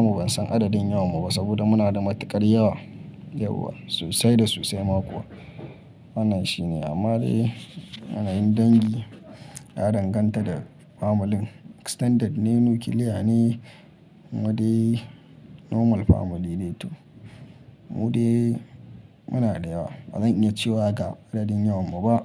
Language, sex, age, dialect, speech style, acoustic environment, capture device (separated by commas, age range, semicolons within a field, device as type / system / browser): Hausa, male, 25-34, Nigeria - Kano, happy; focused, people talking; nature, mobile / Linux / Chrome